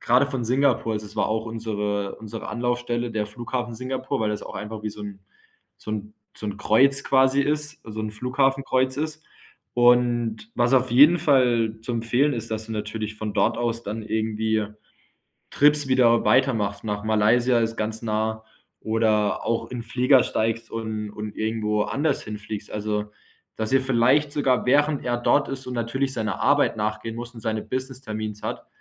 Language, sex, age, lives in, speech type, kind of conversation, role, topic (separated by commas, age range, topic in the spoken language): German, male, 25-29, Germany, conversation, advice, advisor, Wie kann ich herausfinden, welche Lebensziele mir wirklich wichtig sind?